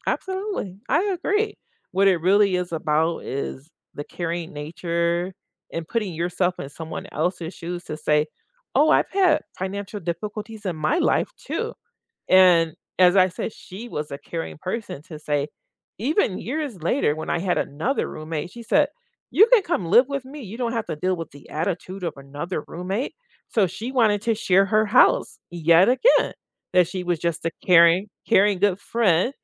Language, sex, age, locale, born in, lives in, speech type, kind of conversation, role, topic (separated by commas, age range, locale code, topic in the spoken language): English, female, 55-59, en-US, United States, United States, conversation, unstructured, none, Have you ever felt happier after resolving a conflict?